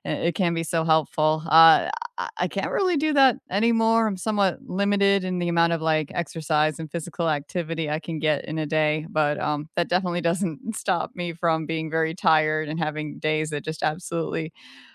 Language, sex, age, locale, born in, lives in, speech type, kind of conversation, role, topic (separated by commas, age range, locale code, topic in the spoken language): English, female, 40-44, en-US, United States, United States, conversation, unstructured, none, What is your favorite way to unwind after a busy day?